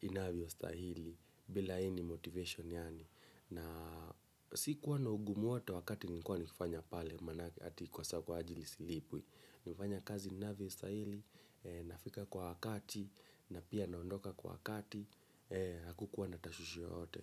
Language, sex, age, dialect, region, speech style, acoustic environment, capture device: Swahili, male, 25-34, Kenya - Nairobi Sheng-influenced, Kenya, relaxed, silence, mobile / Linux / Chrome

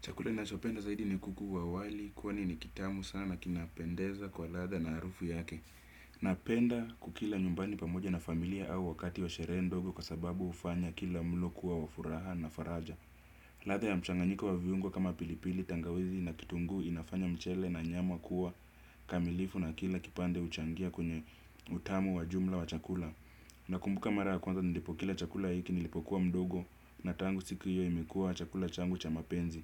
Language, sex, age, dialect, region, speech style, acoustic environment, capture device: Swahili, male, 25-34, Kenya - Nairobi Sheng-influenced, Kenya, happy; relaxed; focused; excited, nature; silence, desktop / Windows / Chrome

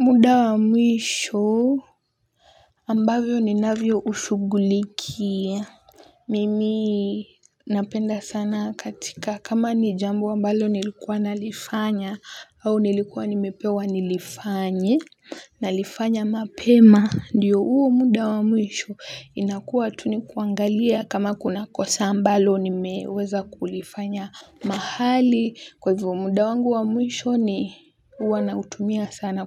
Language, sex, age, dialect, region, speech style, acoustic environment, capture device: Swahili, female, 18-24, Kenya - Mombasa, Kenya, happy, silence, mobile / Linux / Chrome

